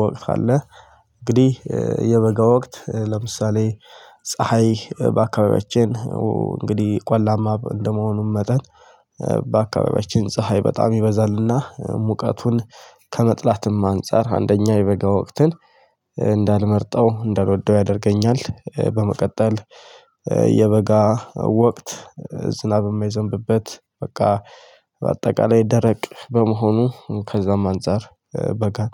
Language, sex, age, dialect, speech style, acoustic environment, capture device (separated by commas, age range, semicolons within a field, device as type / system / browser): Amharic, male, 25-34, Ethiopia - Addis Ababa, happy, nature, mobile / Linux / Chrome